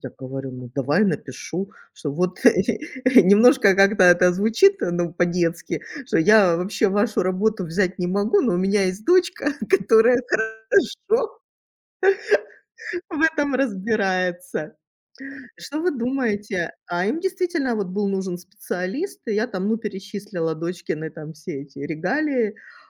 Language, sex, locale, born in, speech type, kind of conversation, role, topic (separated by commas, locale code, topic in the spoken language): Russian, female, ru-RU, Ukraine, conversation, unstructured, none, Как вы справляетесь с чувством неуверенности в своих мечтах?